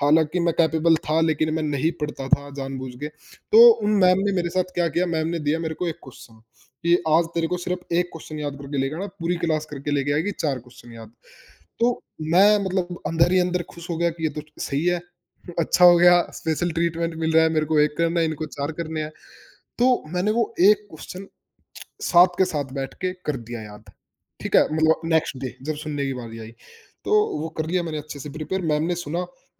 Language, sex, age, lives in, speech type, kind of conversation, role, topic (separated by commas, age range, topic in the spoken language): Hindi, male, 20-24, India, conversation, unstructured, none, क्या पढ़ाई का दबाव बच्चों को परेशान करता है?